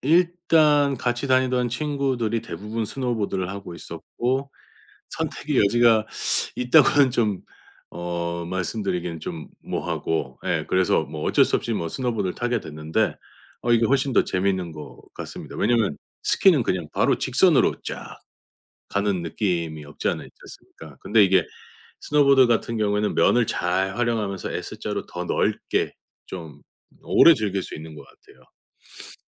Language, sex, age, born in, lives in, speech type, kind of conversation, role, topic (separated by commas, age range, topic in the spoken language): Korean, male, 45-49, South Korea, United States, conversation, podcast, guest, 계절마다 즐겨 하는 야외 활동은 무엇인가요?